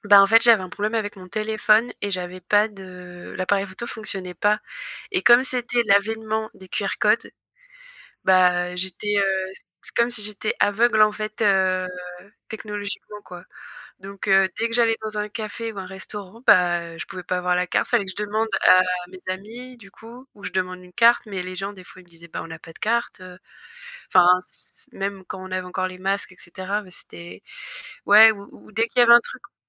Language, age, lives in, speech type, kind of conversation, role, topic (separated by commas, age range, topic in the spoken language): French, 25-29, France, conversation, unstructured, none, Qu’est-ce qui te frustre le plus avec la technologie au quotidien ?